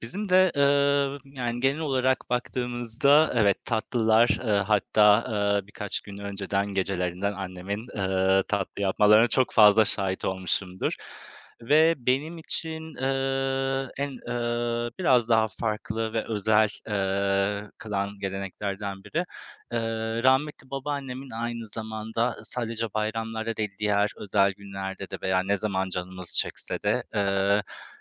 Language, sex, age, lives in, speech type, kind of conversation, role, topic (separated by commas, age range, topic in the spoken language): Turkish, male, 35-39, Poland, conversation, unstructured, none, Bayramlar sizin için ne ifade ediyor?